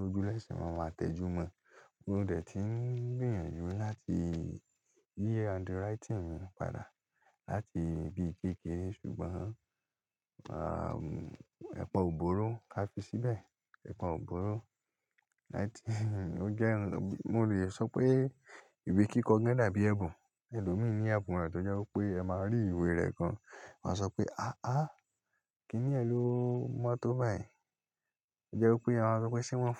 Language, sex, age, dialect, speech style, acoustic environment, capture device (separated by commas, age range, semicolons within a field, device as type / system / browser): Yoruba, male, 18-24, Nigeria - Lagos Yoruba, relaxed; happy, nature; silence, mobile / Linux / Chrome